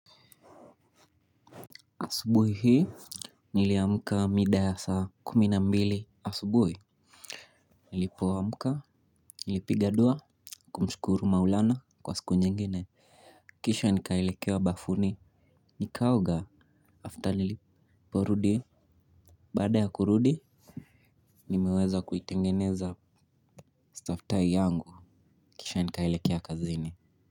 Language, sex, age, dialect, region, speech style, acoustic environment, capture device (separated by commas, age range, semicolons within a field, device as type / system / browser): Swahili, male, 18-24, Kenya - Mombasa, Kenya, focused, silence, mobile / Linux / Chrome